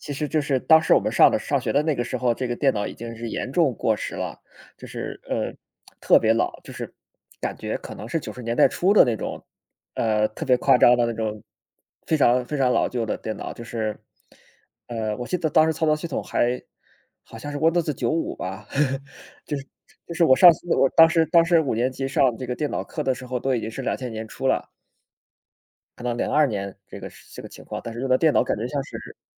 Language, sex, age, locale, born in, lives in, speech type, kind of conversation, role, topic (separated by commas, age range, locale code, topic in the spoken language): Chinese, male, 30-34, zh-CN, China, Germany, conversation, unstructured, none, 你小时候最喜欢玩的游戏是什么？